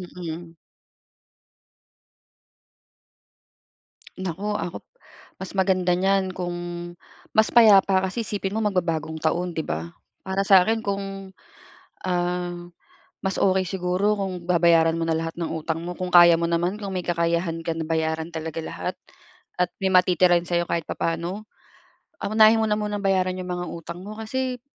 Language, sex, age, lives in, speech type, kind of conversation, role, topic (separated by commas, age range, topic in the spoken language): Filipino, female, 35-39, Philippines, conversation, advice, advisor, Paano ko haharapin ang biglaang lumaking utang sa aking credit card dahil sa labis na paggastos?